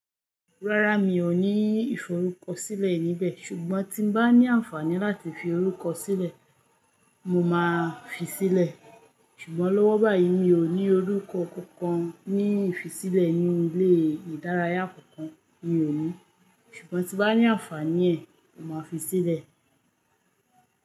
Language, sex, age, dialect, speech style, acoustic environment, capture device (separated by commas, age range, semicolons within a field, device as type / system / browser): Yoruba, female, 25-34, Nigeria - Lagos Yoruba, relaxed, nature, mobile / Linux / Chrome